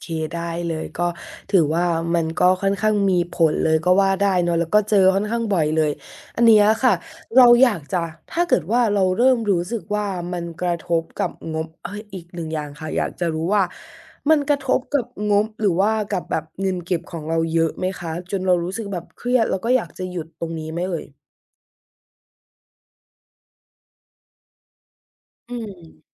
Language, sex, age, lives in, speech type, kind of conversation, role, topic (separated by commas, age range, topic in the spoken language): Thai, female, 20-24, Thailand, conversation, advice, advisor, ทำไมฉันถึงมักเปรียบเทียบบ้านหรือของใช้ของตัวเองกับของอินฟลูเอนเซอร์แล้วรู้สึกอยากซื้อของใหม่?